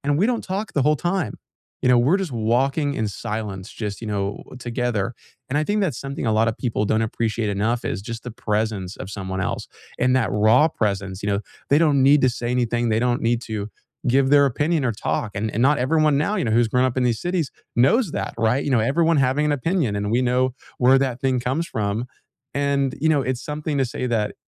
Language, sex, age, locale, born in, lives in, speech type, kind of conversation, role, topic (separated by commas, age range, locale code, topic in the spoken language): English, male, 20-24, en-US, United States, United States, conversation, unstructured, none, Which nature spots help you recharge your mind and mood the most?